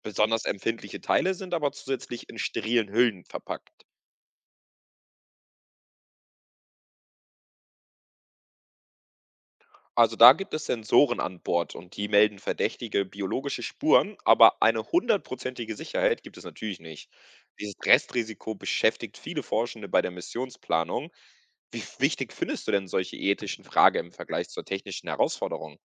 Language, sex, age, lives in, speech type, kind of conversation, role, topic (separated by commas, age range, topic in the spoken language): German, male, 20-24, Portugal, read, expert-assistant, expert, Welcher Planet oder Mond sollte bei zukünftigen Missionen zur Erforschung zuerst im Mittelpunkt stehen – und warum?